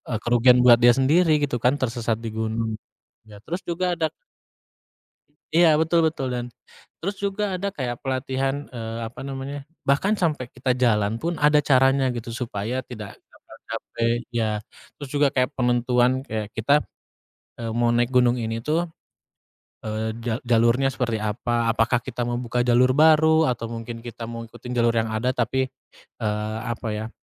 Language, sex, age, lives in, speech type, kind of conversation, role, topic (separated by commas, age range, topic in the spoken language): Indonesian, male, 30-34, Indonesia, conversation, podcast, guest, Apa pengalaman belajar yang paling berkesan buat kamu?